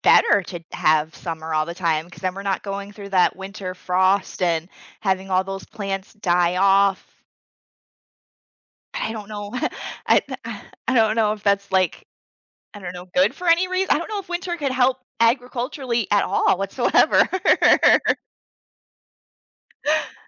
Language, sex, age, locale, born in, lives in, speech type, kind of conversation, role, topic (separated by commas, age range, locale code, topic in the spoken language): English, female, 30-34, en-US, United States, United States, conversation, unstructured, none, Would you rather live in a world with only summer or only winter?